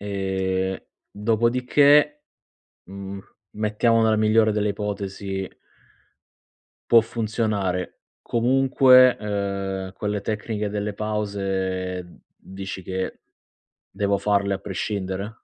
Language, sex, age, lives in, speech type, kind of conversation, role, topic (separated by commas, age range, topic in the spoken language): Italian, male, 30-34, Italy, conversation, advice, user, Come posso ridurre le distrazioni al lavoro usando tecniche di concentrazione e intervalli?